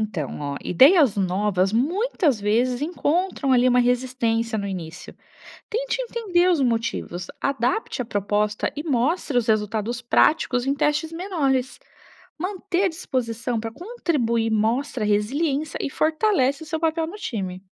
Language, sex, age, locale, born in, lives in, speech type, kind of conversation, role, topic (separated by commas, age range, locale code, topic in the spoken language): Portuguese, female, 35-39, pt-BR, Brazil, Italy, read, expert-assistant, expert, Quais são os jeitos mais práticos de aprender a empreender no dia a dia?